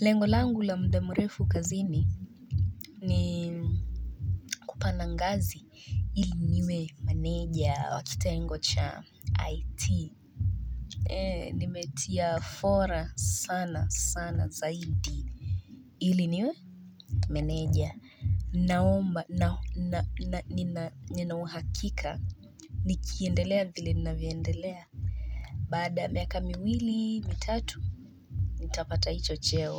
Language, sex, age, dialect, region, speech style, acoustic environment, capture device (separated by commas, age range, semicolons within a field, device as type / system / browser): Swahili, female, 25-34, Kenya - Nairobi Sheng-influenced, Kenya, relaxed, construction, mobile / Linux / Chrome